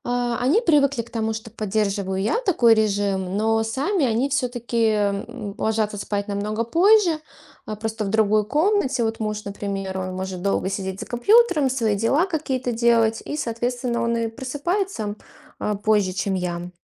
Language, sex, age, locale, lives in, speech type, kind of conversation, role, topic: Russian, female, 35-39, ru-RU, Estonia, conversation, podcast, guest, Как вы обычно заботитесь о качестве своего сна?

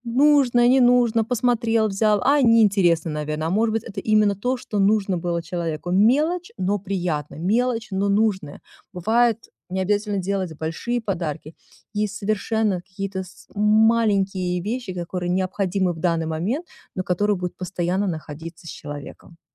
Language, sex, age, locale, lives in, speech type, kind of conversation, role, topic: Russian, female, 40-44, ru-RU, United States, conversation, advice, advisor, Как мне научиться выбирать подарки для разных людей?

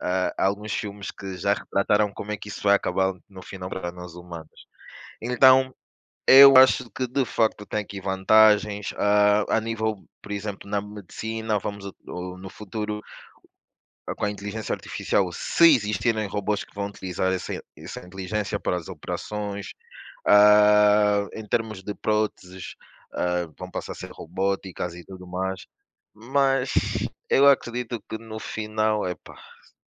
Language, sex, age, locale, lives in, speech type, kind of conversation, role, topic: Portuguese, male, 25-29, pt-PT, Portugal, conversation, unstructured, none, Você acha que os avanços tecnológicos trazem mais vantagens ou riscos?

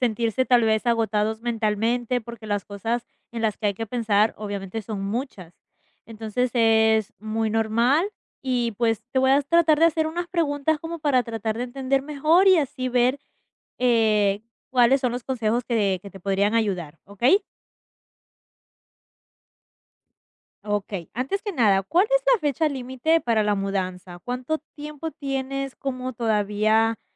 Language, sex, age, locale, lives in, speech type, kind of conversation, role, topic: Spanish, female, 20-24, es-EC, United States, conversation, advice, advisor, ¿Cómo puedo manejar el estrés de empacar y coordinar una mudanza de larga distancia?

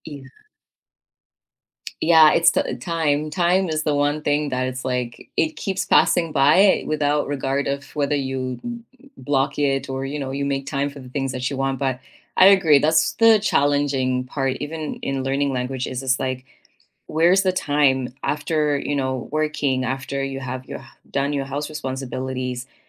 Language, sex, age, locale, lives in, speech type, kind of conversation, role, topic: English, female, 30-34, en-CA, Canada, conversation, unstructured, none, What are you working toward in your life right now, and what inspires you to pursue it?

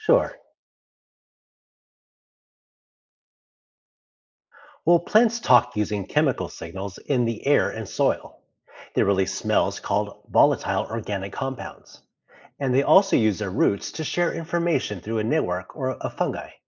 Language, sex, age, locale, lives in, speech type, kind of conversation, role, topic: English, male, 35-39, en-US, United States, read, expert-assistant, expert, How do plants communicate with each other?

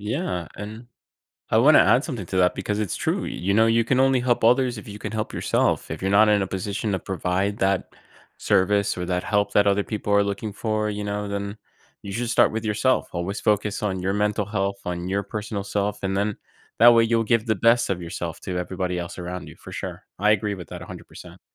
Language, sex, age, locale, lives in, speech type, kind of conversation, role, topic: English, male, 30-34, en-US, United States, conversation, podcast, guest, How does volunteering help strengthen and connect communities?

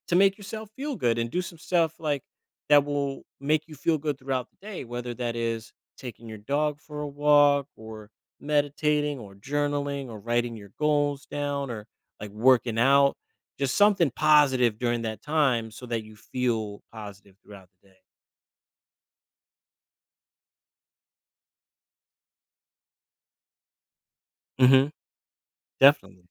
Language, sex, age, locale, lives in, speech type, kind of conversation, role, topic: English, male, 35-39, en-US, United States, conversation, advice, advisor, How can I build lasting self-confidence in everyday situations?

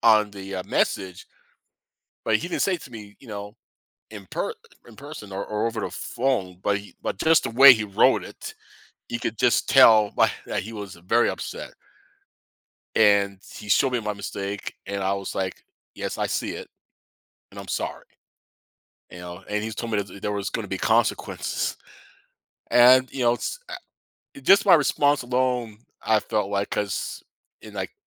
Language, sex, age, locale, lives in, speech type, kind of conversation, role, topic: English, male, 50-54, en-US, United States, conversation, podcast, guest, What helps you stay motivated and learn from setbacks at work?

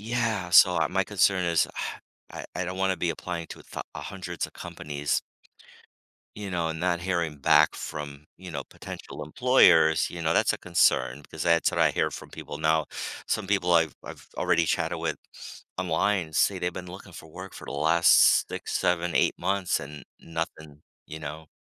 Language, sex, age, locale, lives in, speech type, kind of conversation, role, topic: English, male, 55-59, en-US, United States, conversation, advice, user, How can I move forward after job loss?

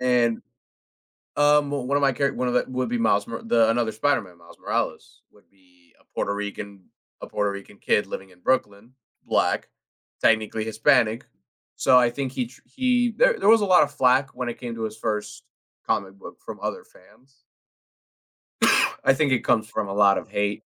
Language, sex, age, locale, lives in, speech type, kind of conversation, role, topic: English, male, 20-24, en-US, United States, conversation, podcast, guest, Why do people get attached to fictional characters?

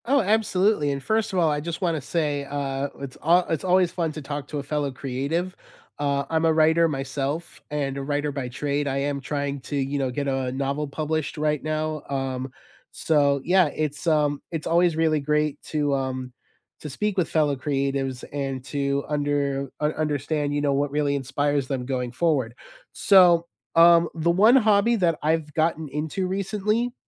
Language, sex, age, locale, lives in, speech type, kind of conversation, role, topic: English, male, 35-39, en-US, United States, conversation, unstructured, none, What hobby surprised you by how much you enjoyed it?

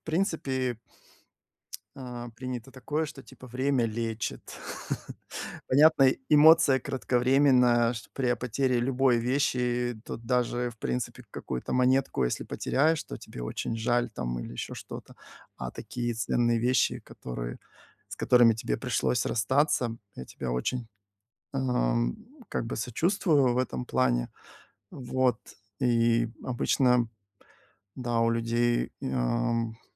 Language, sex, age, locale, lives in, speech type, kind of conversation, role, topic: Russian, male, 45-49, ru-RU, Spain, conversation, advice, advisor, Как мне отпустить вещи, к которым я эмоционально привязан(а), и начать расхламление?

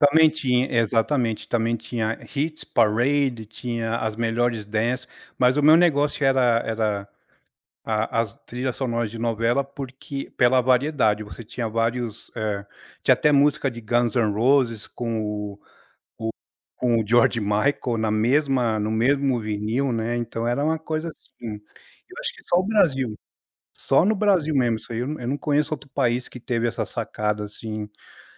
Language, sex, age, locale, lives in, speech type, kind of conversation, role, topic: Portuguese, male, 40-44, pt-BR, United States, conversation, podcast, guest, Como a internet mudou a sua forma de descobrir música?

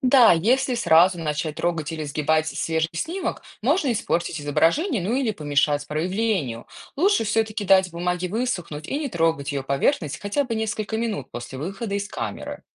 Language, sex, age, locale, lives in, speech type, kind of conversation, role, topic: Russian, female, 35-39, ru-RU, United States, read, expert-assistant, expert, Как работает фотоаппарат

